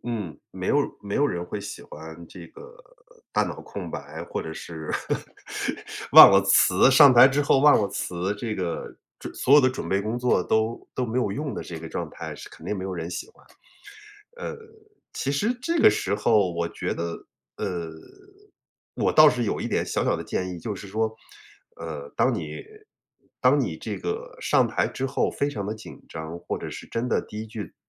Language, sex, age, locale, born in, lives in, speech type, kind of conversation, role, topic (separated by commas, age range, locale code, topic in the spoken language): Chinese, male, 45-49, zh-CN, China, United States, conversation, advice, advisor, 我为什么在公开演讲时总担心丢脸或失败？